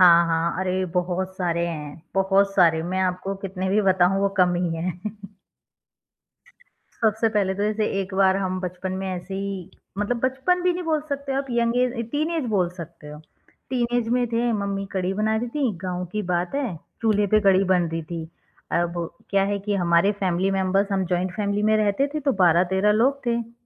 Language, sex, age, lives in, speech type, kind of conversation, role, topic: Hindi, female, 30-34, India, conversation, podcast, guest, Kya comfort food sirf taste ke liye hota hai, ya uske saath emotions bhi jud jaate hain?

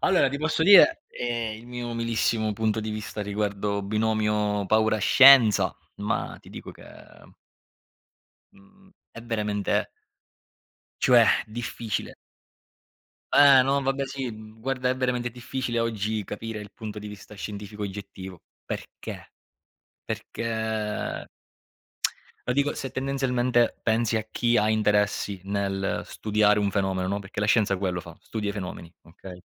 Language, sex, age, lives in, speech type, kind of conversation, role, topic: Italian, male, 30-34, Italy, conversation, unstructured, none, Quale paura legata alla scienza ti sembra più realistica?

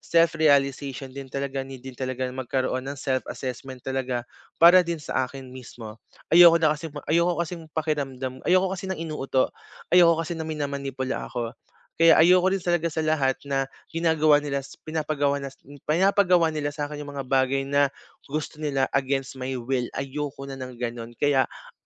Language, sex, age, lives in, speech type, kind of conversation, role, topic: Filipino, male, 25-29, Philippines, conversation, advice, user, Paano ko tatanggapin ang puna nang hindi nagiging depensibo?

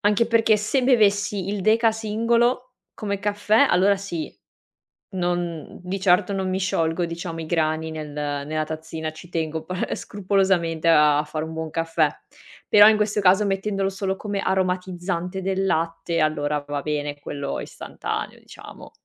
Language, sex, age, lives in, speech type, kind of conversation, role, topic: Italian, female, 25-29, Italy, conversation, podcast, guest, Come bilanci la caffeina e il sonno nella tua vita?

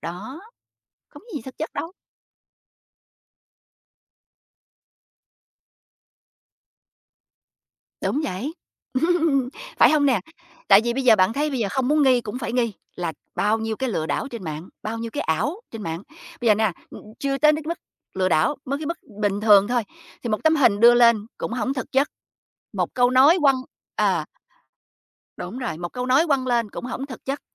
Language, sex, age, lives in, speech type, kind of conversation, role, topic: Vietnamese, female, 45-49, United States, conversation, podcast, guest, Bạn nghĩ mạng xã hội ảnh hưởng đến cách chúng ta nhìn nhận thế giới như thế nào?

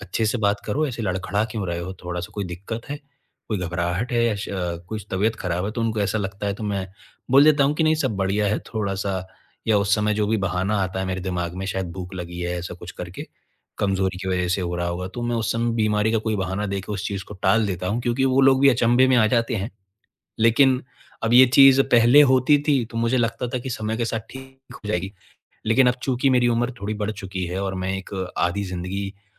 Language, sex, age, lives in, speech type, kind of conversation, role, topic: Hindi, male, 25-29, India, conversation, advice, user, मैं भीड़ के सामने बोलते समय घबराहट को कैसे नियंत्रित कर सकता/सकती हूँ?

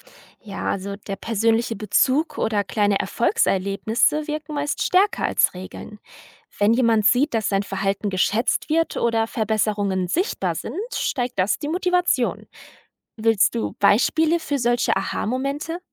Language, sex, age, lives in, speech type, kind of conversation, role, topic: German, female, 20-24, Germany, read, expert-assistant, expert, Warum trennen manche Menschen ihren Müll sehr sorgfältig, während es anderen egal zu sein scheint?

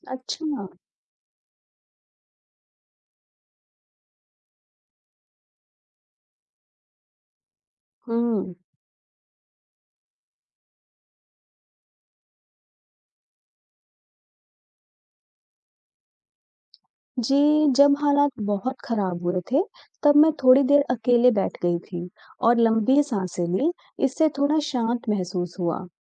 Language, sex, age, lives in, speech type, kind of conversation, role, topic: Hindi, female, 35-39, India, read, expert-assistant, asker, कठिनाइयों का सामना करने के लिए धैर्य क्यों जरूरी है?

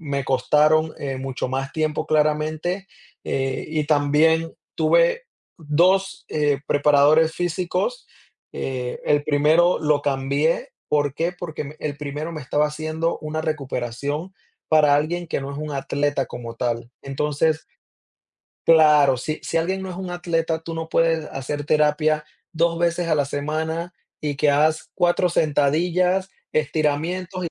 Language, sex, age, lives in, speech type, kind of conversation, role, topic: Spanish, male, 30-34, United States, conversation, podcast, guest, ¿Cómo gestionas la frustración cuando la recuperación es lenta?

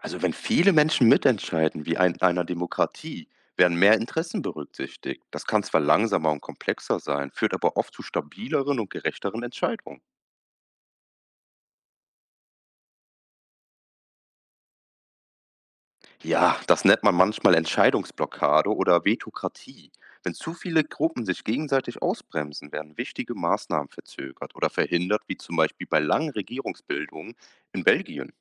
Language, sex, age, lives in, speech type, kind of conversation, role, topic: German, male, 25-29, Germany, read, expert-assistant, expert, Was spricht dafür, wenn viele Menschen in einer Regierung mitentscheiden, und was dagegen?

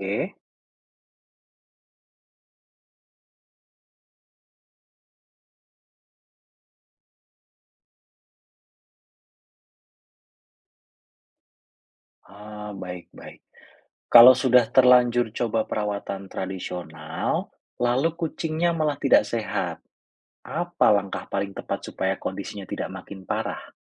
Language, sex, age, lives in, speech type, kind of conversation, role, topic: Indonesian, male, 35-39, Indonesia, read, expert-assistant, asker, Bagaimana cara mengetahui apakah informasi kesehatan hewan yang kita temukan benar-benar akurat?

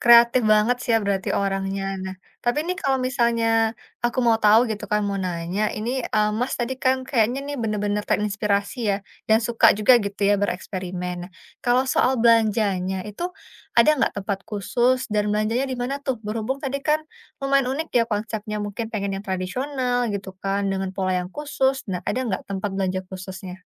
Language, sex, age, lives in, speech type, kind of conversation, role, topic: Indonesian, female, 30-34, Indonesia, conversation, podcast, host, Apa saja tantangan saat menggabungkan elemen tradisional dengan busana modern?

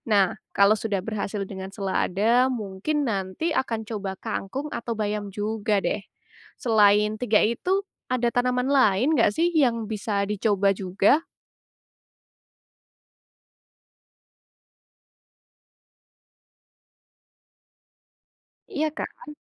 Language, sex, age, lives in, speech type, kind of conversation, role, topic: Indonesian, female, 20-24, Indonesia, read, expert-assistant, asker, Bagaimana cara bertani secara berkelanjutan di lahan terbatas di perkotaan?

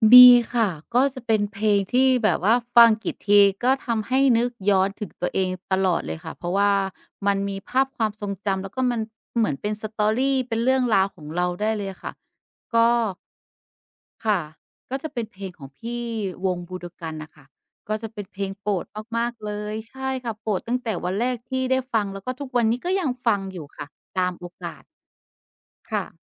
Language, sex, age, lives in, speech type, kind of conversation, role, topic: Thai, female, 35-39, Thailand, conversation, podcast, guest, คุณเลือกเพลงอย่างไรให้ช่วยสร้างภาพลักษณ์ของตัวเองได้ชัดเจน?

